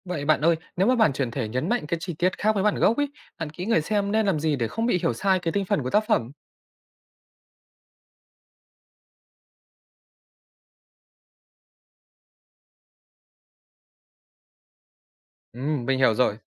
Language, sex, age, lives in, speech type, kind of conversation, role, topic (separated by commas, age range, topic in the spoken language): Vietnamese, male, 20-24, Vietnam, read, expert-assistant, asker, Vì sao cùng một tác phẩm văn học lại có nhiều cách hiểu khác nhau khi phê bình?